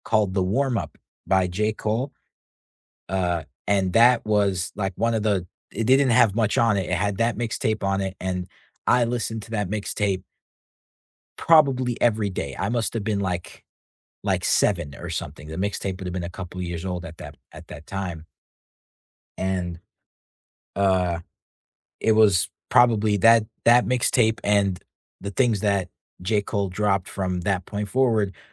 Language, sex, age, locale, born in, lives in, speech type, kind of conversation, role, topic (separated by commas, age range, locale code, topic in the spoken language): English, male, 18-19, en-US, United States, United States, conversation, unstructured, none, Who in your life most shaped your taste in music, and which memories stand out?